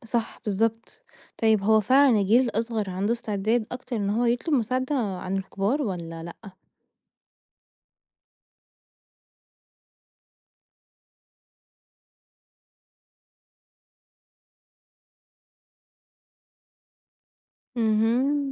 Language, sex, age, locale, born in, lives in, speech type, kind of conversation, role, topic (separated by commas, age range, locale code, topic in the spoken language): Arabic, female, 20-24, ar-EG, Egypt, Portugal, read, expert-assistant, asker, ليه ناس كتير بتحس إن طلب المساعدة من أخصائي اجتماعي مش سهل أو ممكن يحرجهم؟